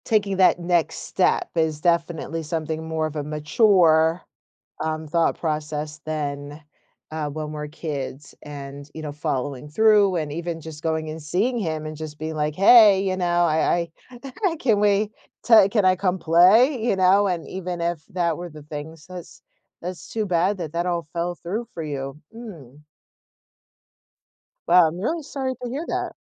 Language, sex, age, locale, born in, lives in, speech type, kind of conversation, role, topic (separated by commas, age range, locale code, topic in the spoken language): English, female, 45-49, en-US, United States, United States, conversation, podcast, host, What lessons from your past would you want others to learn from your experiences?